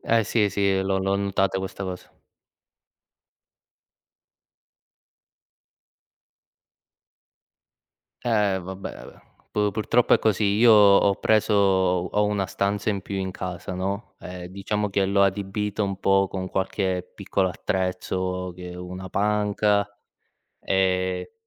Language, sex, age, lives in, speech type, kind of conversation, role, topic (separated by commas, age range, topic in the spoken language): Italian, male, 25-29, Italy, conversation, unstructured, none, Qual è il tuo hobby preferito per rilassarti dopo una giornata stressante?